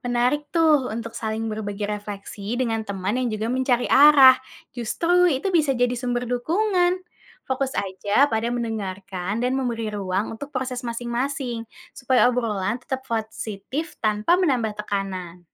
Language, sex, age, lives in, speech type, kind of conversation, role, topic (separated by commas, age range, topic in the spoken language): Indonesian, female, 20-24, Indonesia, read, expert-assistant, expert, Bagaimana kita tahu tujuan yang kita pilih benar-benar mencerminkan diri kita, bukan hanya ikut-ikutan orang lain?